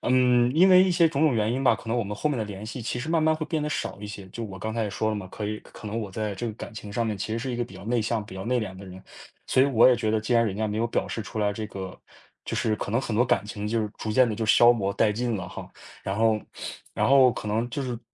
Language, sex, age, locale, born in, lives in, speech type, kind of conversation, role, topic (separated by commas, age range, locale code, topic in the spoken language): Chinese, male, 20-24, zh-CN, China, United States, conversation, advice, user, 看到前任和新伴侣时，为什么会触发强烈的悲伤情绪？